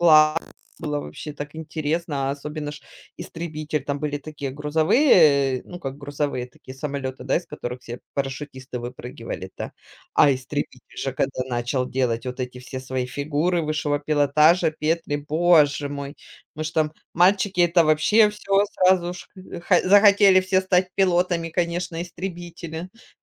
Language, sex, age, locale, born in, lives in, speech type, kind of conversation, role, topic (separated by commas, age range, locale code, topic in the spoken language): Russian, female, 40-44, ru-RU, Ukraine, Spain, conversation, podcast, guest, Какая школьная экскурсия по-настоящему сплотила ваш класс?